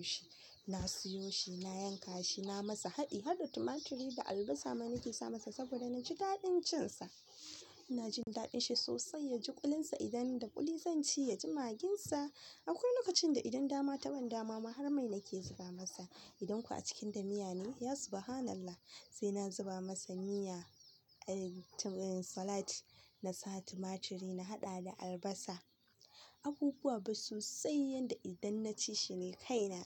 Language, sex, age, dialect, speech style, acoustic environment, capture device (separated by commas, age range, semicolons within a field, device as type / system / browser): Hausa, female, 18-24, Nigeria - Kano, happy, silence, mobile / Linux / Chrome